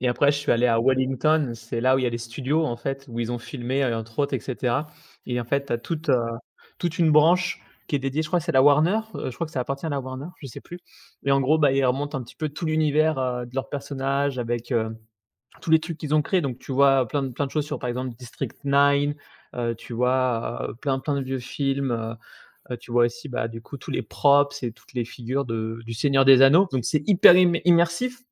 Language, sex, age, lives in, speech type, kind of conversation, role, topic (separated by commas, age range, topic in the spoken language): French, male, 30-34, France, conversation, podcast, host, Quel univers de fiction aimerais-tu visiter pour de vrai ?